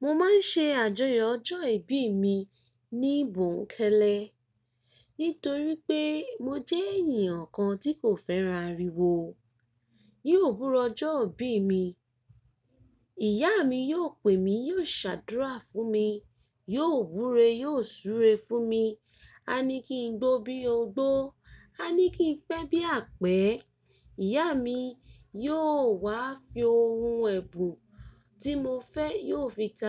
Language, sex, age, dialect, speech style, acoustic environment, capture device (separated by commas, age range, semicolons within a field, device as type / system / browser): Yoruba, female, 25-34, Nigeria - Ibadan, tired, nature, mobile / Linux / Chrome